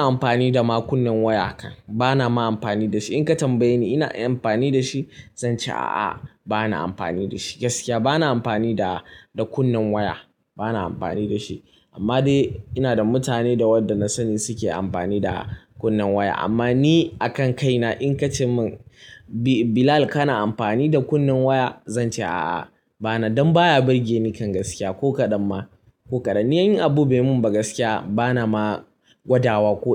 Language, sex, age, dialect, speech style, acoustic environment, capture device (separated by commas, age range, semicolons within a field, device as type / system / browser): Hausa, male, 18-24, Nigeria - Kano, anxious, music, mobile / Linux / Chrome